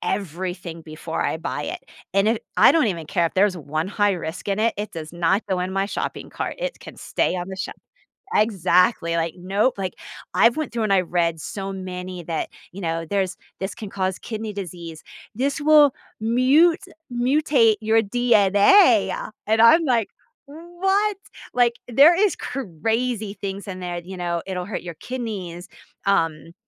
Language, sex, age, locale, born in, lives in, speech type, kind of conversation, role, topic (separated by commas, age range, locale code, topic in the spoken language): English, female, 45-49, en-US, United States, United States, conversation, podcast, guest, How have your childhood experiences shaped who you are today?